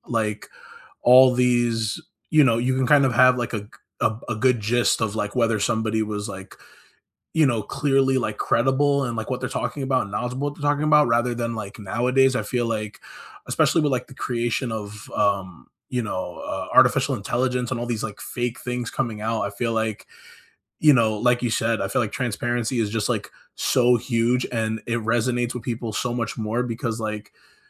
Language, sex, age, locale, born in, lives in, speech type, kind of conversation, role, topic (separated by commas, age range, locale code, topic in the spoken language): English, male, 25-29, en-US, United States, United States, conversation, unstructured, none, Should influencers always disclose sponsored content, or only when promotions are clearly recognizable as ads?